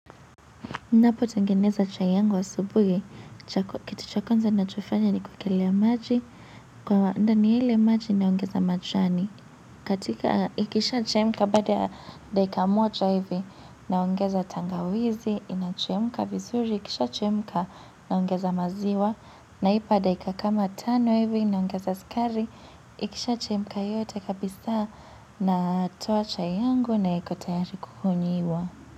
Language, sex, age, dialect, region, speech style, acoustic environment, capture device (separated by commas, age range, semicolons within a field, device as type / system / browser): Swahili, female, 18-24, Kenya - Nairobi Sheng-influenced, Kenya, relaxed, nature, mobile / Linux / Chrome